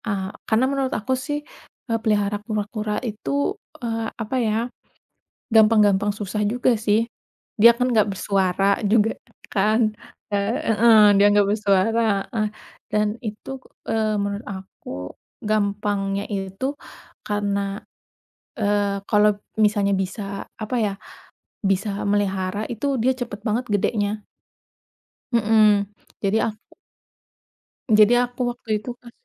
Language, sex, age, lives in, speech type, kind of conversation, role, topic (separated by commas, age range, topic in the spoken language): Indonesian, female, 30-34, Indonesia, conversation, unstructured, none, Apa pengalaman paling berkesan yang pernah kamu alami bersama hewan peliharaan?